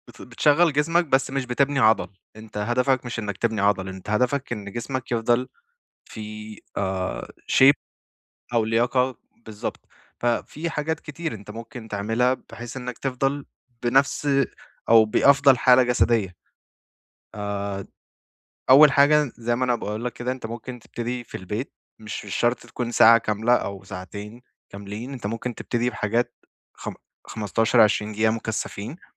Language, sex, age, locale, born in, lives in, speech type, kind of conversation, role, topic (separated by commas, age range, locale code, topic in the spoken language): Arabic, male, 25-29, ar-EG, Saudi Arabia, Egypt, conversation, advice, advisor, إزاي أقدر أداوم على التمرين بانتظام من غير ما أحس بإرهاق أو ملل؟